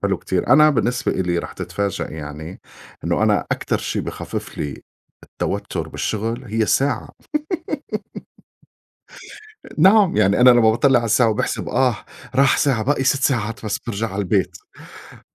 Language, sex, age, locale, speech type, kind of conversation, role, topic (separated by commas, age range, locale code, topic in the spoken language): Arabic, male, 40-44, ar-SY, conversation, unstructured, none, إزاي بتتعامل مع ضغوط يوم الشغل؟